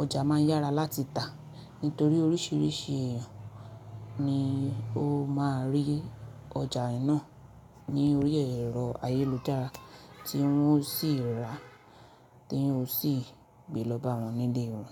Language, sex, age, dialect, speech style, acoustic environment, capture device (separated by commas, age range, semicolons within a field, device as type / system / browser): Yoruba, female, 25-34, Nigeria - Nigerian Standard, focused, silence, mobile / Linux / Chrome